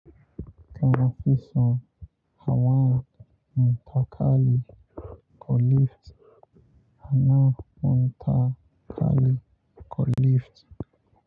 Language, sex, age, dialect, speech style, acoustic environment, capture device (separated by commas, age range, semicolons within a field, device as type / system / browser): Hausa, male, 25-34, Nigeria - Lagos Yoruba, focused, nature, mobile / Linux / Chrome